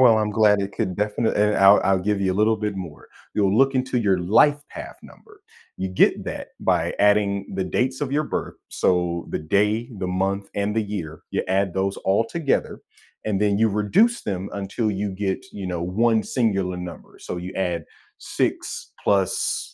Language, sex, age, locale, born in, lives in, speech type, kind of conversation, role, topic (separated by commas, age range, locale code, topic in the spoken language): English, male, 30-34, en-US, United States, United States, conversation, podcast, host, What factors most influence your choices when facing important life decisions?